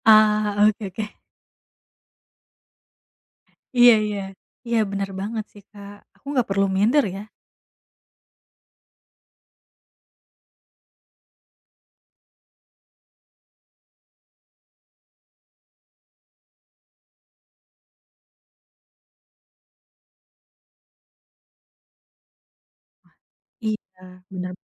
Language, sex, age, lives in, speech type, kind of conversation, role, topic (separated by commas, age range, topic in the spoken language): Indonesian, female, 30-34, Indonesia, conversation, advice, user, Bagaimana saya bisa berhenti membandingkan diri dengan teman sebaya?